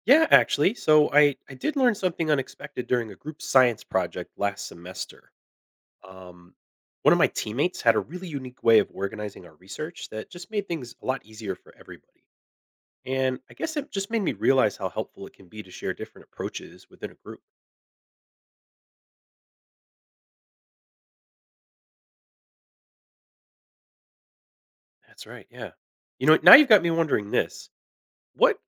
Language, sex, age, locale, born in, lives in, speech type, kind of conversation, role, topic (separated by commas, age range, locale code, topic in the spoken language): English, male, 40-44, en-US, United States, United States, read, expert-assistant, asker, What can you gain from participating in group projects?